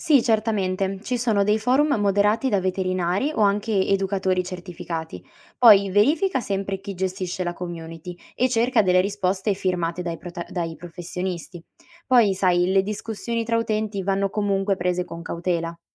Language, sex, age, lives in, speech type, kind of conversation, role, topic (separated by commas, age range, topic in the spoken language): Italian, female, 20-24, Italy, read, expert-assistant, expert, Quali strategie posso usare per trovare informazioni veramente affidabili e aggiornate sulla salute degli animali domestici online?